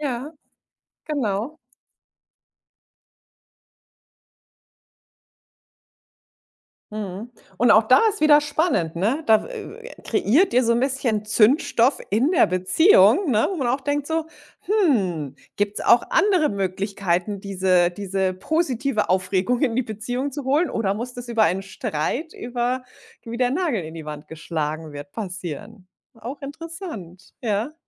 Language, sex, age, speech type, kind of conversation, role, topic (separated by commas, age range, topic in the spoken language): German, female, 40-44, conversation, advice, advisor, Wie kann ich trotz klarer Ziele geduldig bleiben, wenn ich ungeduldig werde?